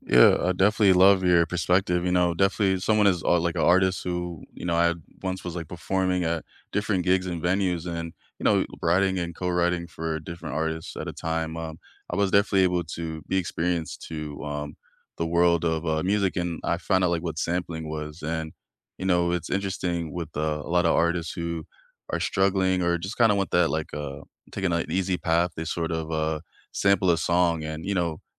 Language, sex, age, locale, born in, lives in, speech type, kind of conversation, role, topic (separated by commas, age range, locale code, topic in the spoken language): English, male, 25-29, en-US, United States, United States, conversation, unstructured, none, Should radio stations and streaming algorithms prioritize familiar hits for mass appeal, or should they actively promote new and diverse artists for the cultural good?